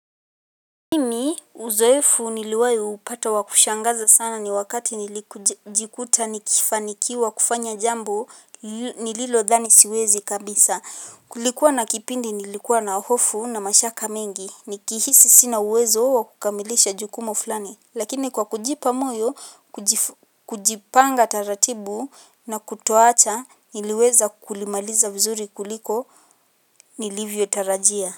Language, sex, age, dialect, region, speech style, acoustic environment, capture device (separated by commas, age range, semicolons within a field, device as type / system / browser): Swahili, female, 18-24, Kenya - Nairobi Sheng-influenced, Kenya, happy, silence, mobile / Linux / Chrome